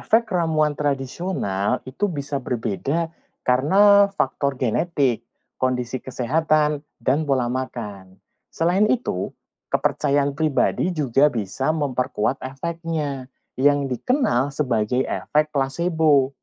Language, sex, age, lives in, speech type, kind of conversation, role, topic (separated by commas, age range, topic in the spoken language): Indonesian, male, 40-44, Indonesia, read, expert-assistant, expert, Apa saja risiko dan tips aman menggunakan ramuan tradisional di era modern?